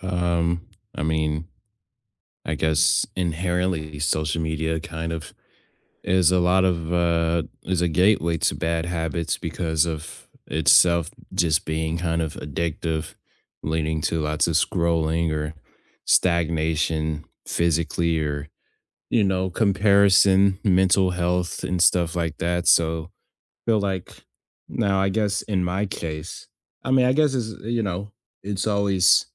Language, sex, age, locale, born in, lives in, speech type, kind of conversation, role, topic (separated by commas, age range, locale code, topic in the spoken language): English, male, 20-24, en-US, United States, United States, conversation, unstructured, none, What habits do you wish you could break but can’t?